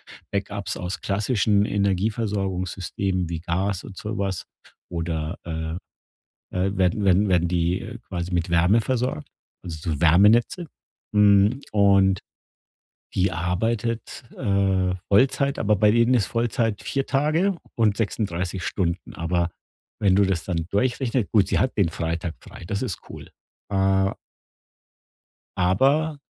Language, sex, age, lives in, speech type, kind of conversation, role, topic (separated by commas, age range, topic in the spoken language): German, male, 50-54, Germany, conversation, advice, user, Wie finde ich im Alltag Zeit und Energie für Hobbys?